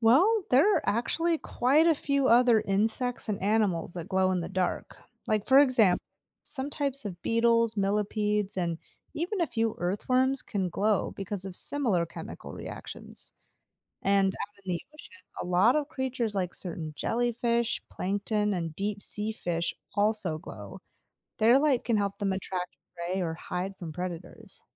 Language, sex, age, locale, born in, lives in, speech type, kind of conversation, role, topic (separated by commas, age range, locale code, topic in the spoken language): English, female, 35-39, en-US, United States, United States, read, expert-assistant, expert, What makes fireflies glow at night?